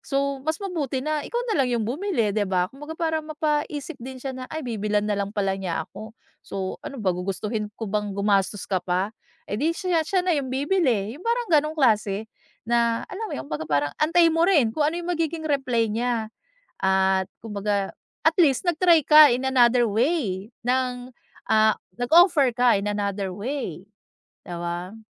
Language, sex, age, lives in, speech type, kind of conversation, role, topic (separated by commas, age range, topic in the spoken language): Filipino, female, 40-44, United States, conversation, advice, advisor, Paano ako makatatanggi nang maayos sa mga kahilingan ng pamilya nang hindi nakakaramdam ng pagkakasala?